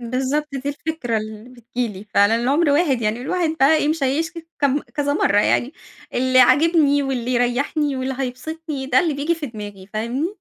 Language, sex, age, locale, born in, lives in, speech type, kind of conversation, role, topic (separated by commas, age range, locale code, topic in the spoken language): Arabic, female, 30-34, ar-EG, Egypt, Egypt, conversation, advice, user, إزاي أتعامل مع التوتر اللي بيجيلي بسبب الشراء الاندفاعي والمشتريات اللي مش مخطط لها؟